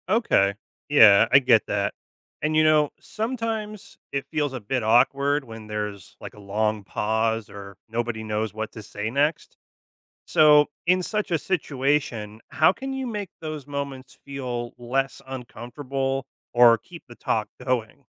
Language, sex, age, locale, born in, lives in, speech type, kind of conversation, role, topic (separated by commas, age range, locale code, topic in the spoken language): English, male, 35-39, en-US, United States, United States, read, expert-assistant, asker, What makes a good conversation?